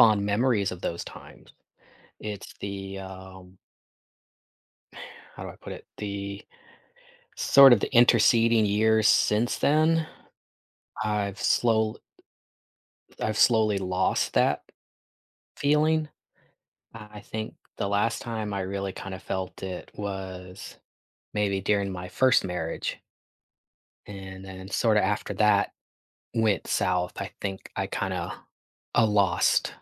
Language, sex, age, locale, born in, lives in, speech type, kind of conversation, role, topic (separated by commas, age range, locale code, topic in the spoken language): English, male, 45-49, en-US, United States, United States, conversation, advice, user, How can I cope with loneliness during the holidays?